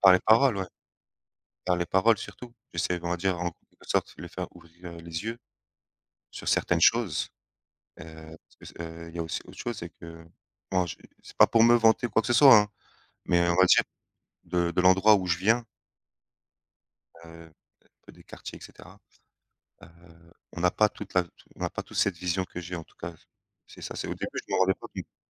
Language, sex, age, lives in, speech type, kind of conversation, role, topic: French, male, 30-34, France, conversation, unstructured, none, Qu’est-ce qui te rend unique aux yeux des autres ?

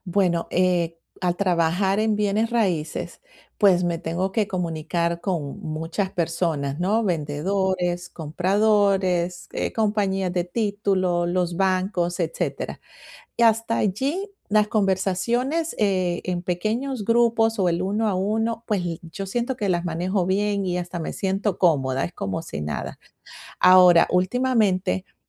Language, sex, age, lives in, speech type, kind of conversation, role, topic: Spanish, female, 45-49, United States, conversation, advice, user, ¿Cómo puedo reducir los nervios al hablar en público?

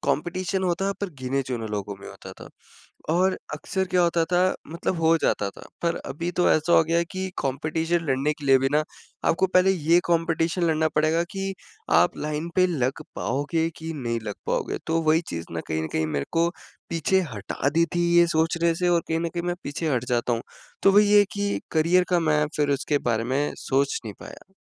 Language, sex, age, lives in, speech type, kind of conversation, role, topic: Hindi, male, 20-24, India, conversation, advice, user, मैं अपनी असली रुचियाँ और जुनून कैसे पहचान सकता/सकती हूँ?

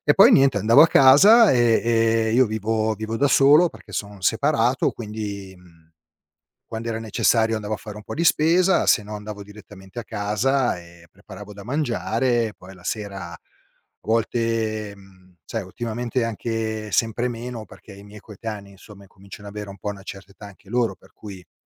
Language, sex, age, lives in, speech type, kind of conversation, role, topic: Italian, male, 50-54, Italy, conversation, advice, user, Come posso ritrovare uno scopo quotidiano dopo il pensionamento?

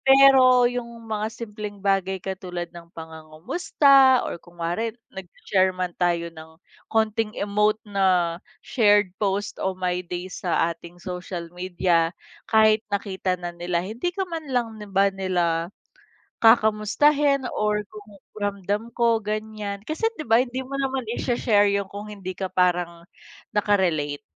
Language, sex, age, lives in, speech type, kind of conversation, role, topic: Filipino, female, 30-34, Philippines, conversation, unstructured, none, Paano mo inilalarawan ang isang mabuting kaibigan?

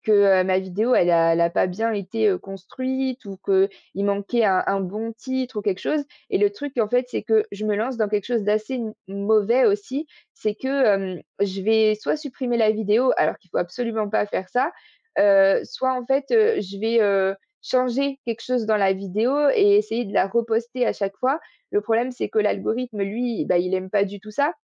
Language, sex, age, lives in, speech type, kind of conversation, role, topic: French, female, 20-24, France, conversation, advice, user, Comment avez-vous vécu une perte de motivation après un échec ou un contretemps ?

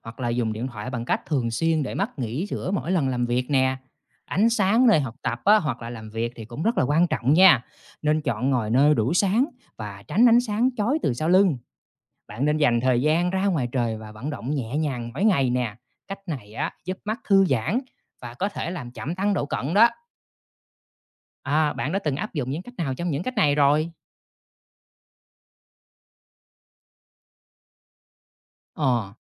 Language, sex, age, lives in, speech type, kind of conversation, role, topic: Vietnamese, male, 30-34, Vietnam, read, expert-assistant, expert, Tại sao khi dùng kính cận, người bị cận thị nhìn rõ hơn?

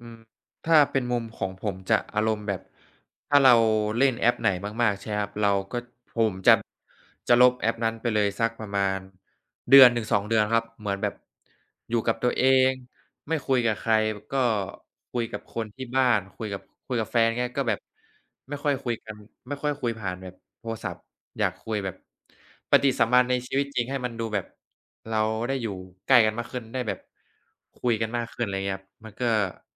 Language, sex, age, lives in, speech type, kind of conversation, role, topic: Thai, male, 20-24, Thailand, conversation, unstructured, none, คุณคิดว่าโซเชียลมีเดียส่งผลต่อสุขภาพจิตอย่างไร?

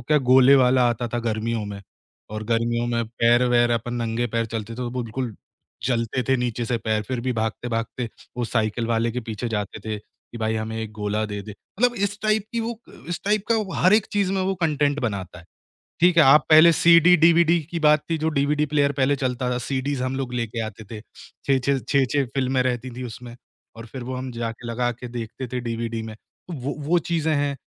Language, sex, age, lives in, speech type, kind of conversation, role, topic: Hindi, male, 25-29, India, conversation, podcast, guest, Kis character ne aapki zindagi mein aapko kya sikhaaya?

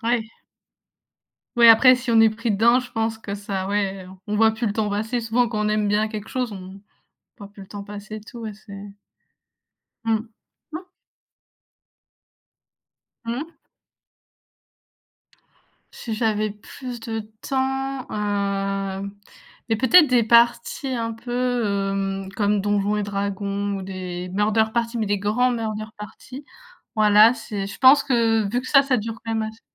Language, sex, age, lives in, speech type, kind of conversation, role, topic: French, female, 20-24, France, conversation, unstructured, none, Quel loisir aimerais-tu essayer si tu avais plus de temps ?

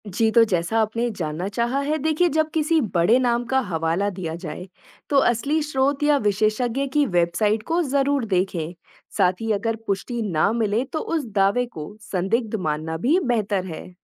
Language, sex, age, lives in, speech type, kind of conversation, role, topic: Hindi, female, 25-29, India, read, expert-assistant, expert, क्या सोशल मीडिया पर फैलने वाली भाषाई जानकारी सचमुच भरोसेमंद होती है?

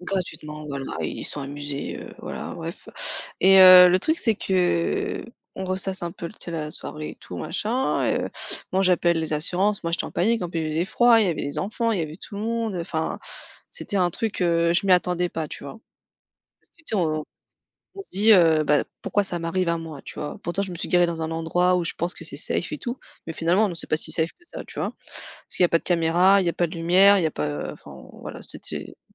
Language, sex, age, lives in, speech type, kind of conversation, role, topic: French, female, 35-39, France, conversation, unstructured, none, Quelle est votre stratégie pour rester positif face aux difficultés ?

